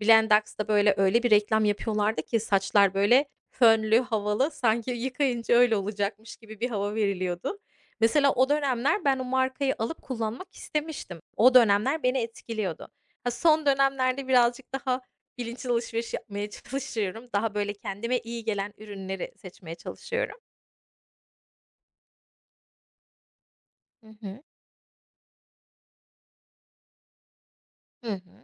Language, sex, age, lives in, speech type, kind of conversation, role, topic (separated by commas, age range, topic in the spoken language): Turkish, female, 35-39, United States, conversation, unstructured, none, Reklamlar insanları daha fazla tüketmeye ikna ediyor mu?